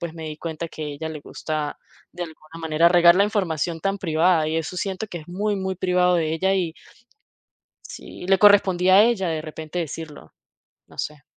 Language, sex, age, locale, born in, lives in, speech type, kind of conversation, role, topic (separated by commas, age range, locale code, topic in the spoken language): Spanish, female, 30-34, es-VE, Venezuela, United States, conversation, advice, user, ¿Cómo puedo enfrentar a un amigo que ha contado mis secretos sin mi permiso?